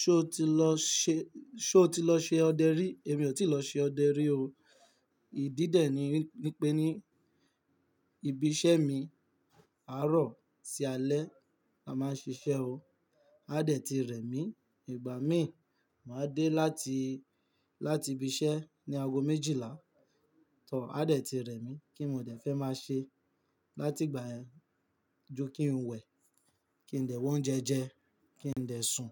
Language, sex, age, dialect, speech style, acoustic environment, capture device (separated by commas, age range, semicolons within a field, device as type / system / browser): Yoruba, male, 18-24, Nigeria - Lagos Yoruba, tired; nervous, nature, mobile / Linux / Chrome